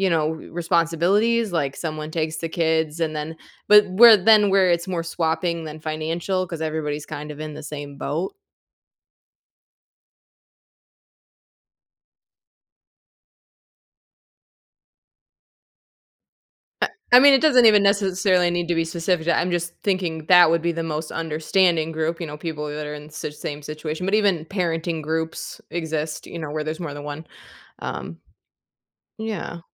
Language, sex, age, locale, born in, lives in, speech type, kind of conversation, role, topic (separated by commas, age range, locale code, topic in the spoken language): English, female, 35-39, en-US, United States, United States, conversation, advice, advisor, How can I reduce stress from my daily responsibilities?